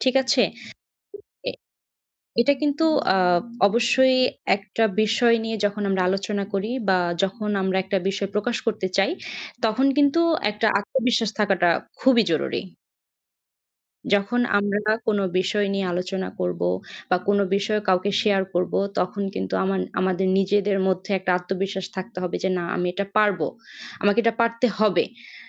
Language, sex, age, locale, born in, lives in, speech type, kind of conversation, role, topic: Bengali, female, 20-24, bn-BD, Bangladesh, Bangladesh, conversation, advice, advisor, আমি কীভাবে নিজের আসল স্বভাব প্রকাশ করেও সবাইকে খুশি রাখতে পারি?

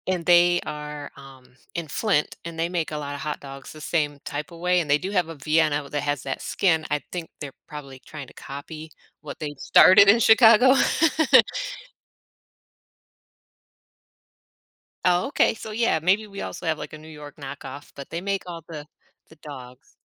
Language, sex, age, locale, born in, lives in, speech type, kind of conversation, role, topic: English, female, 40-44, en-US, United States, United States, conversation, podcast, host, Why do certain foods bring us comfort and nostalgia?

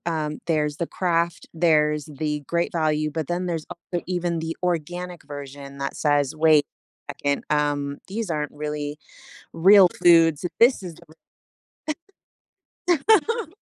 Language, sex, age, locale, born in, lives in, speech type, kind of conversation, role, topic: English, female, 40-44, en-US, United States, United States, conversation, podcast, host, Why is it sometimes difficult to be true to yourself in modern society?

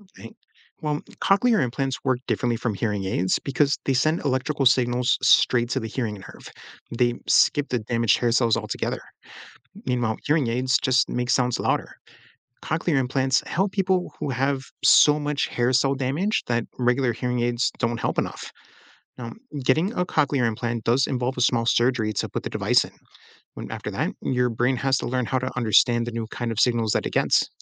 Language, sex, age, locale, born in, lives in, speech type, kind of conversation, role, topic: English, male, 35-39, en-US, United States, United States, read, expert-assistant, expert, How do sound waves travel and reach our ears?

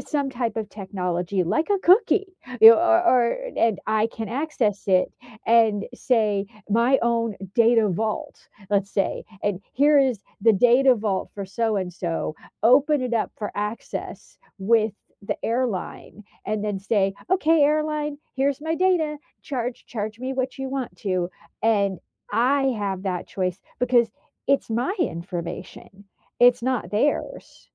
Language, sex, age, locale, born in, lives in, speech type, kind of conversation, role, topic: English, female, 45-49, en-US, United States, United States, conversation, unstructured, none, Do you think tech companies should be more honest with users?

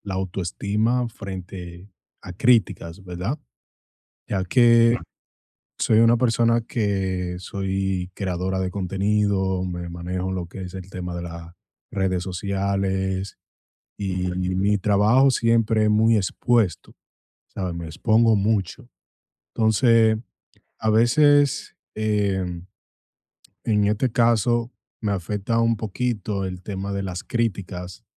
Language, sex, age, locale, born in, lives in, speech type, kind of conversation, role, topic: Spanish, male, 25-29, es-DO, United States, United States, conversation, advice, user, ¿Cómo puedo proteger mi autoestima cuando recibo críticas en el trabajo?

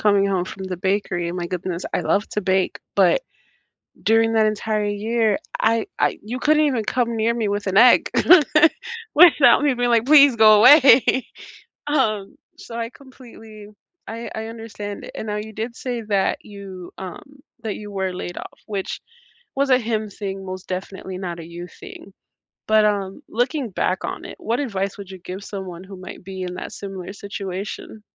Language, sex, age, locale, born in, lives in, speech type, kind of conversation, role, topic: English, female, 25-29, en-US, United States, United States, conversation, unstructured, none, Have you ever been stuck in a toxic work environment?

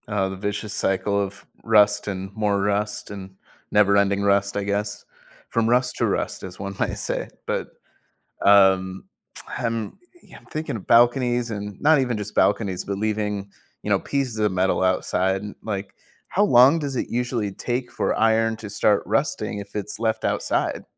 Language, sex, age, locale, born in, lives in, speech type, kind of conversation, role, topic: English, male, 30-34, en-US, United States, United States, read, expert-assistant, asker, What causes metal to rust over time?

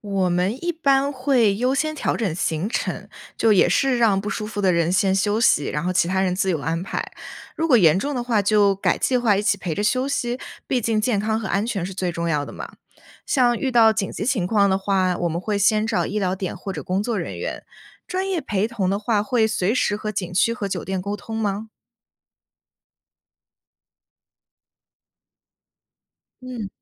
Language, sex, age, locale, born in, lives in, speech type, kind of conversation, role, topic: Chinese, female, 20-24, zh-CN, China, United States, read, expert-assistant, asker, 计划多代同游时，你通常采用哪种行程安排方式来平衡大家的兴趣？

